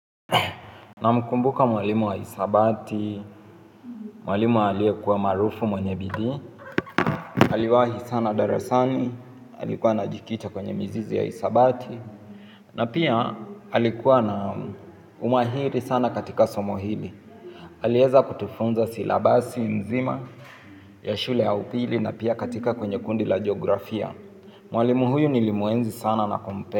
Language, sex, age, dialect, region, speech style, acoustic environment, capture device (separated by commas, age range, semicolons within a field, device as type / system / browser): Swahili, male, 18-24, Kenya - Mombasa, Kenya, focused, silence, mobile / Linux / Chrome